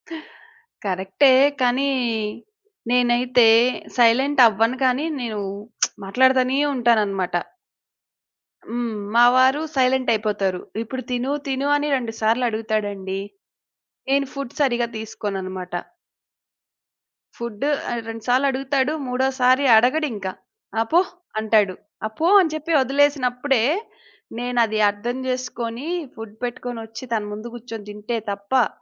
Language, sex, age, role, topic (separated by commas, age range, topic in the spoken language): Telugu, female, 30-34, host, నిశ్శబ్దం కూడా సంకేతమే కాబట్టి దానిని మీరు ఎలా చదువుతారు?